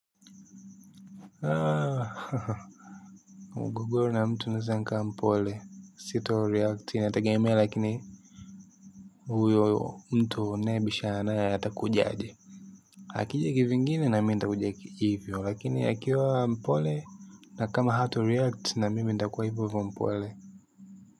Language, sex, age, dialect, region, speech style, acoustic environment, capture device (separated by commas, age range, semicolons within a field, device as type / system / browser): Swahili, male, 18-24, Tanzania - Dar es Salaam, Tanzania, happy; focused; relaxed, silence, mobile / Linux / Chrome